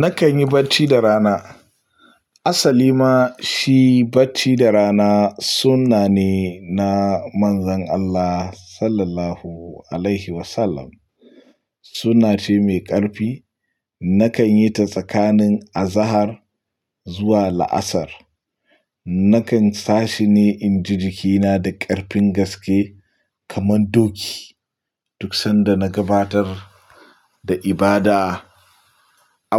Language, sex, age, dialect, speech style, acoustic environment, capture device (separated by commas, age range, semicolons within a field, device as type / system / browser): Hausa, male, 25-34, Nigeria - Pidgin-influenced, anxious, nature, mobile / Linux / Chrome